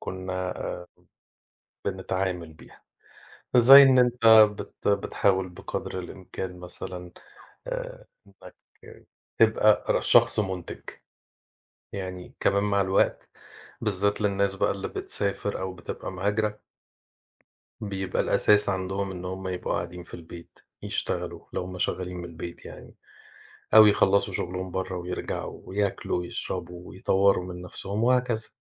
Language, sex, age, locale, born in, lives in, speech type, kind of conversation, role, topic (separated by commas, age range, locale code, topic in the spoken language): Arabic, male, 40-44, ar-EG, Egypt, Portugal, conversation, unstructured, none, إيه عاداتك اليومية اللي بتساعدك تنظم وقتك؟